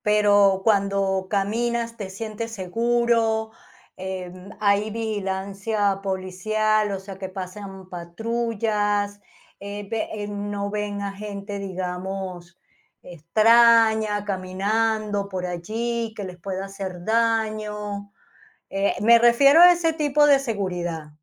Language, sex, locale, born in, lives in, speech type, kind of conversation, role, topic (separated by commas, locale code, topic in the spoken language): Spanish, female, es-VE, Spain, Spain, conversation, podcast, host, ¿Qué consejos le darías a alguien que acaba de llegar a un barrio nuevo?